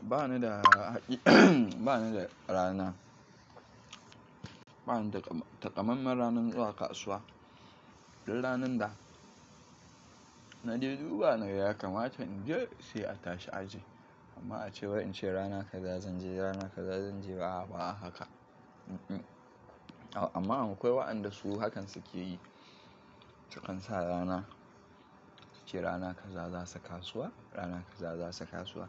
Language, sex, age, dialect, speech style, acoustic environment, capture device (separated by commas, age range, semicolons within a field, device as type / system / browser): Hausa, male, 25-34, Nigeria - Kano, happy; nervous; excited; tired, nature; construction; appliances; people talking; traffic, mobile / Linux / Chrome